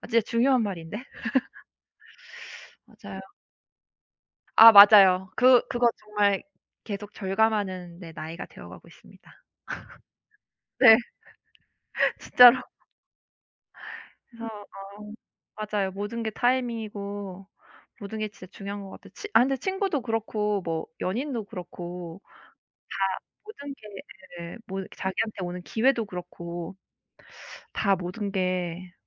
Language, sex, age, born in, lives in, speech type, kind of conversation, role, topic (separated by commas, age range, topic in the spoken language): Korean, female, 35-39, South Korea, United States, conversation, unstructured, none, 친구와의 약속을 자주 취소하면 어떤 기분이 드나요?